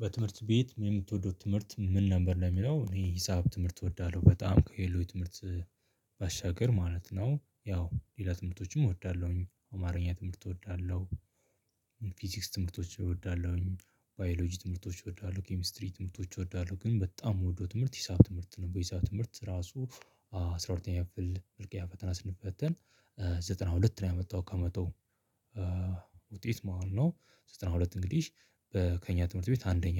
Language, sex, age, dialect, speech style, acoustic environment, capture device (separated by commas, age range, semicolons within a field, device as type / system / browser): Amharic, male, 25-34, Ethiopia - Addis Ababa, happy, people talking, mobile / Linux / Chrome